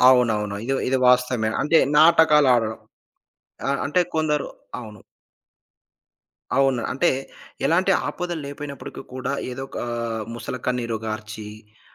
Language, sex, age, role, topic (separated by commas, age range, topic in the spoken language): Telugu, male, 35-39, guest, ఆపదలో ఉన్నవారికి సహాయం చేయడానికి సమాజం ఎలా ముందుకు వస్తుంది?